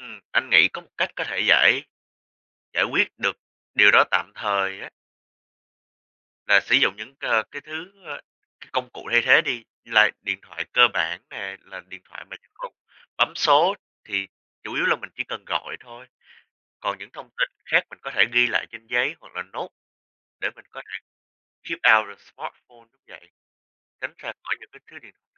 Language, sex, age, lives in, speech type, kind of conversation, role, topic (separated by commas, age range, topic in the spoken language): Vietnamese, male, 20-24, United States, conversation, unstructured, none, Bạn muốn sống mà không có điện thoại thông minh hay không có internet hơn?